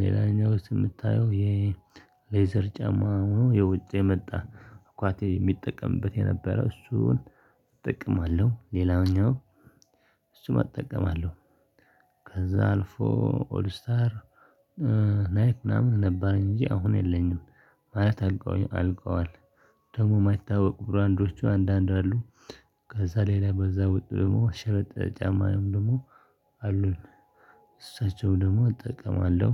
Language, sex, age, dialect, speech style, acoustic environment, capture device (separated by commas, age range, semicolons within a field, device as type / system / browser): Amharic, male, 25-34, Ethiopia - Tigray, focused, people talking; silence, desktop / Linux / Chrome